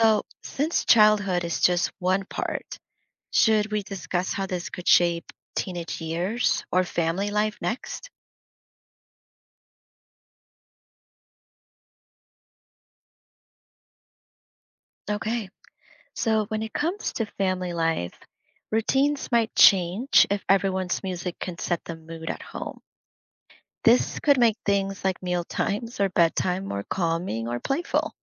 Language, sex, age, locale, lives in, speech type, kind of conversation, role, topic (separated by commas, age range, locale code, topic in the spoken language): English, female, 30-34, en-US, United States, read, expert-assistant, expert, Imagine a world where every person had a unique musical theme that played softly around them.